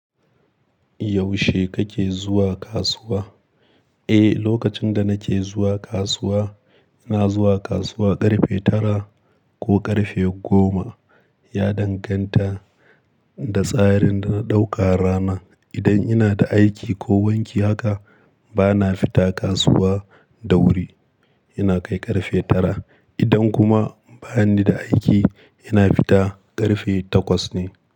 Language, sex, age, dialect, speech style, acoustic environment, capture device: Hausa, male, 25-34, Nigeria - Kano, happy, nature; silence; appliances, mobile / Linux / Chrome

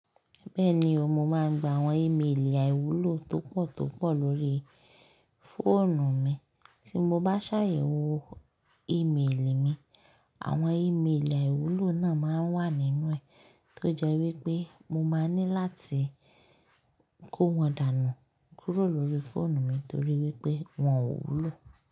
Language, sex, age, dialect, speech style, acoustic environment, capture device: Yoruba, female, 25-34, Nigeria - Lagos Yoruba, focused; happy, nature, mobile / Linux / Chrome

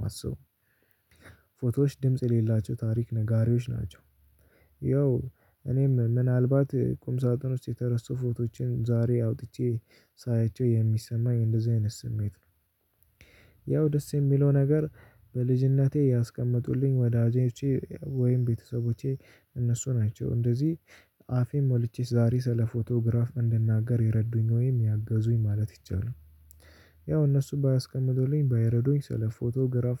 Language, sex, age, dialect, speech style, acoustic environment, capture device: Amharic, male, 18-24, Ethiopia - Addis Ababa, anxious; tired; nervous, nature; music, mobile / Linux / Chrome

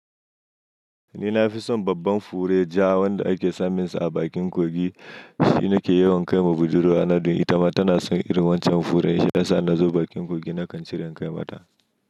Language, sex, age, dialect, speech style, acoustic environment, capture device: Hausa, male, 25-34, Nigeria - Nigerian Standard, happy, silence, mobile / Linux / Chrome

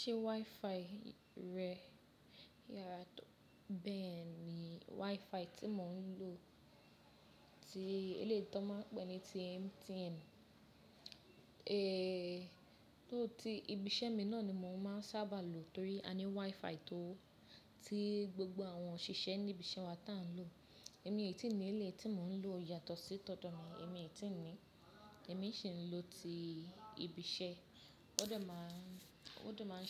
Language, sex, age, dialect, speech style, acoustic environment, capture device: Yoruba, female, 25-34, Nigeria - Lagos Yoruba, happy, nature, mobile / Linux / Chrome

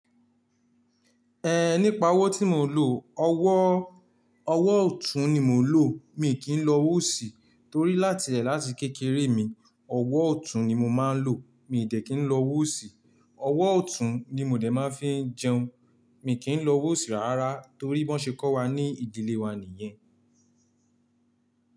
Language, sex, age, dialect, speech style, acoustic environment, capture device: Yoruba, male, 18-24, Nigeria - Ibadan, happy; relaxed; focused, silence, mobile / Linux / Chrome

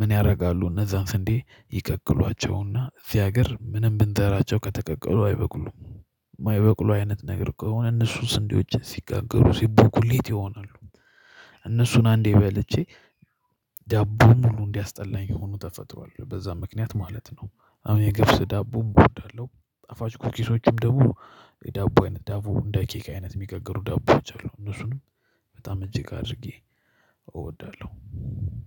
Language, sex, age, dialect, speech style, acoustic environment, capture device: Amharic, male, 25-34, Ethiopia - Addis Ababa, happy, silence, mobile / Linux / Chrome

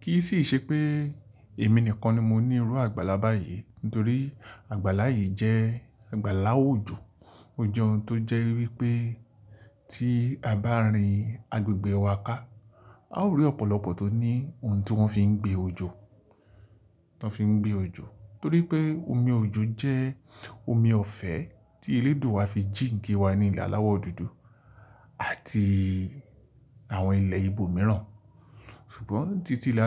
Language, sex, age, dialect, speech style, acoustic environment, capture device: Yoruba, male, 45-54, Nigeria - Lagos Yoruba, happy; relaxed, silence; nature, mobile / Linux / Chrome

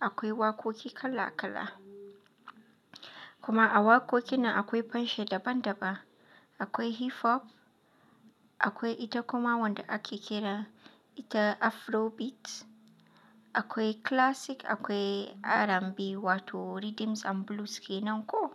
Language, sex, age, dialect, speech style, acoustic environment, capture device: Hausa, female, 25-34, Nigeria - Katsina, tired, nature, mobile / Linux / Chrome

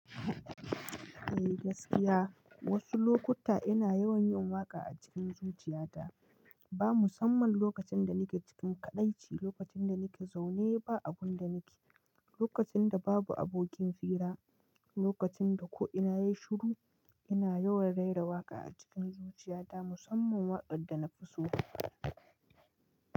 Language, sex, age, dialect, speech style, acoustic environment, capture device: Hausa, male, 25-34, Nigeria - Nigerian Standard, tired, silence, mobile / Linux / Chrome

